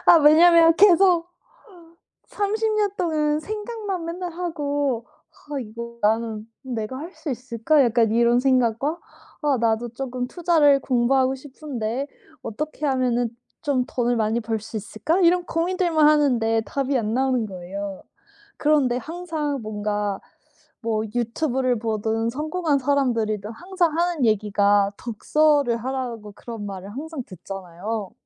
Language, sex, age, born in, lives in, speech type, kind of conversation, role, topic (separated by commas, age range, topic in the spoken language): Korean, female, 35-39, South Korea, United States, conversation, podcast, guest, 그 취미를 시작하게 된 계기는 무엇인가요?